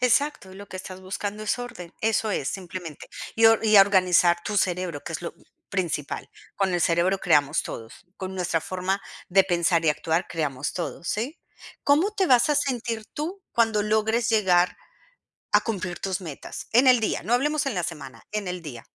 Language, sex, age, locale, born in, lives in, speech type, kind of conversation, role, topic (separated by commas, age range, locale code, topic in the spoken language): Spanish, female, 45-49, es-CO, Colombia, United States, conversation, advice, advisor, ¿Por qué estás posponiendo tareas importantes?